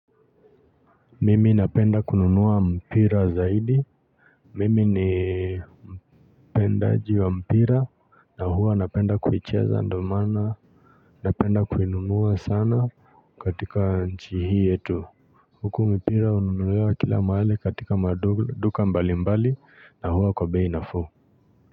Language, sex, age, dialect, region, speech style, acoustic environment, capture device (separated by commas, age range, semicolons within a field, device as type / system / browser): Swahili, male, 25-34, Kenya - Nairobi Sheng-influenced, Kenya, relaxed, nature, mobile / Linux / Chrome